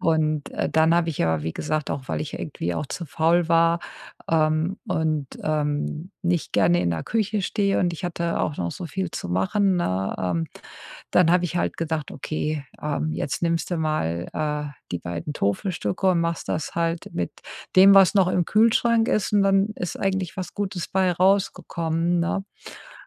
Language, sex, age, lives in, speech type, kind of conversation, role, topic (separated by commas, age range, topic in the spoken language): German, female, 50-54, United States, conversation, advice, user, Wie kann ich mich mit einem begrenzten Budget gesund ernähren?